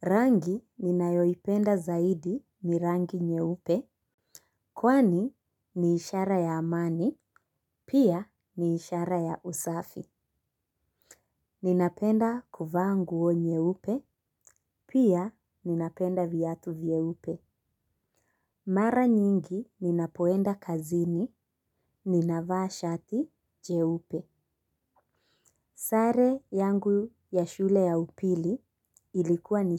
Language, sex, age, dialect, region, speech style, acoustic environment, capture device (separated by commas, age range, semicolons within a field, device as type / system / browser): Swahili, female, 25-34, Kenya - Mombasa, Kenya, relaxed, nature, mobile / Linux / Chrome